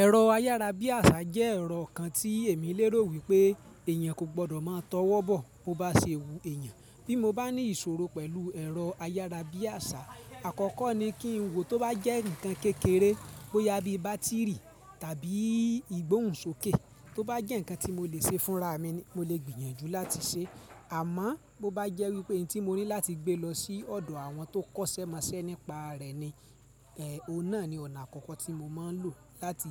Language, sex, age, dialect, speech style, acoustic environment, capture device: Yoruba, male, 25-34, Nigeria - Ibadan, relaxed; focused; excited, people talking; traffic; appliances; nature, mobile / Linux / Chrome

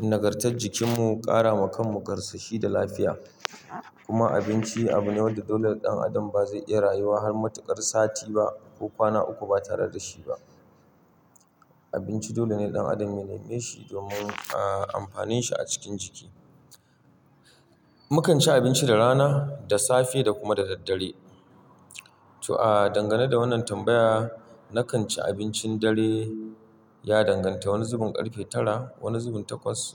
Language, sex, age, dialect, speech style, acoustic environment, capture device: Hausa, male, 35-44, Nigeria - Kano, happy; relaxed; focused; excited, people talking; nature; construction; appliances, mobile / Linux / Chrome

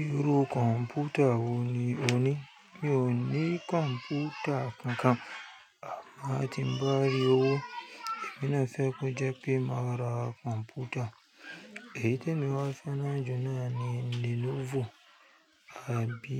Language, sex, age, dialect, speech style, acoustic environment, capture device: Yoruba, female, 35-44, Nigeria - Lagos Yoruba, focused; happy, nature, mobile / Linux / Chrome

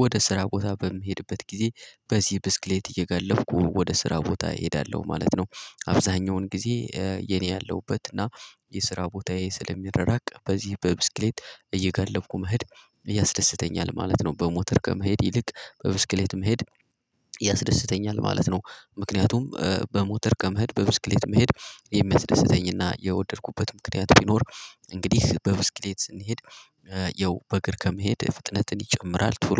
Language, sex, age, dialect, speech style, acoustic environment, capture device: Amharic, male, 18-24, Ethiopia - Gojjam, happy, people talking, mobile / Linux / Chrome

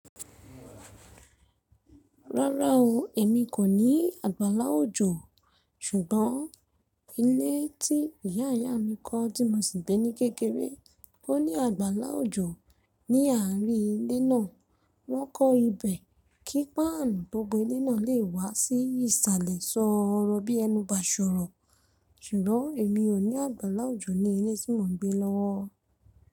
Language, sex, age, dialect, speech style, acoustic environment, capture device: Yoruba, female, 25-34, Nigeria - Ibadan, focused, people talking, mobile / Linux / Chrome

